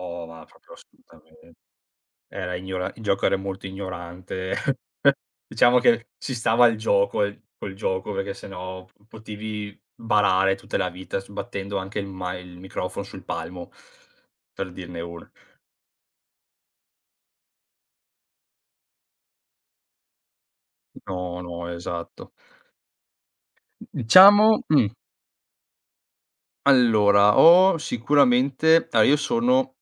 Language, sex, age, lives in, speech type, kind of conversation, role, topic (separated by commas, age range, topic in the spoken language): Italian, male, 30-34, Italy, conversation, podcast, guest, Quale canzone ti riporta subito a un ricordo intenso?